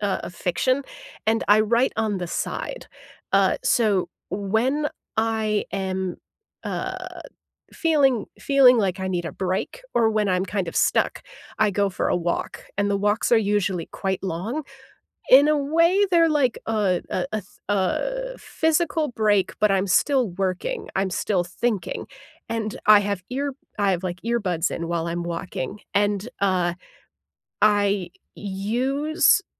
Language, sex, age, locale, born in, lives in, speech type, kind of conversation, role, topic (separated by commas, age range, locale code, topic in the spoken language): English, female, 30-34, en-US, United States, United States, conversation, unstructured, none, What's the last song you replayed, and why did it stick with you?